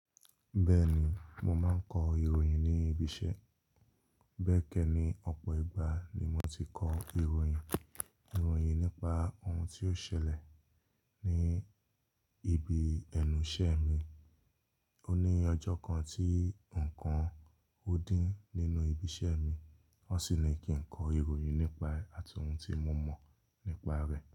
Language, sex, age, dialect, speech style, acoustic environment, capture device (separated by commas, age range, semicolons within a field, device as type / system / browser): Yoruba, male, 25-34, Nigeria - Lagos Yoruba, relaxed, nature; silence, mobile / Linux / Chrome